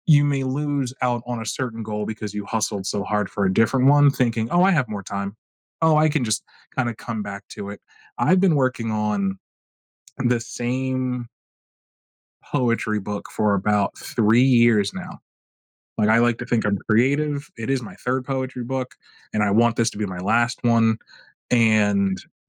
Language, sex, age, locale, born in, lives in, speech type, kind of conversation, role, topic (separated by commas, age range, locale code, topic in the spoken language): English, male, 30-34, en-US, United States, United States, conversation, unstructured, none, What strategies help you keep going when working toward something important?